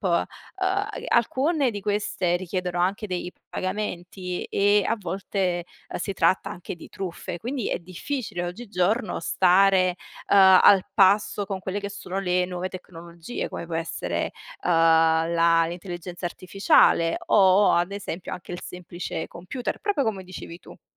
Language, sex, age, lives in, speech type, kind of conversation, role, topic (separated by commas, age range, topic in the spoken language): Italian, female, 25-29, Italy, conversation, unstructured, none, Pensi che la tecnologia possa migliorare la qualità della vita in futuro?